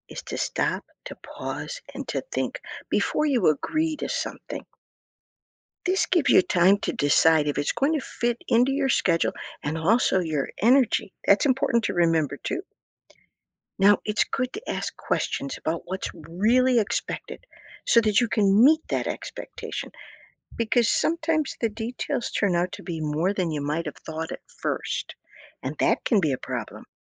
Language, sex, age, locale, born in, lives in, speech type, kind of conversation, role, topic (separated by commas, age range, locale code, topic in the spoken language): English, female, 60-64, en-US, United States, United States, read, expert-assistant, expert, Why is it important to keep promises?